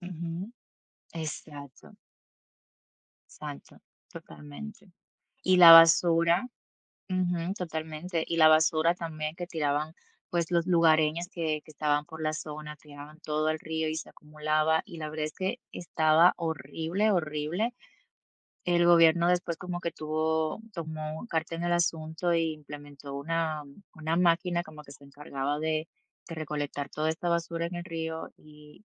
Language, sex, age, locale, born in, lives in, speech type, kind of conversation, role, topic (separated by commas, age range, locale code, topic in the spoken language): Spanish, female, 30-34, es-DO, Dominican Republic, United States, conversation, unstructured, none, ¿Qué opinas sobre la contaminación que afecta a nuestros ríos?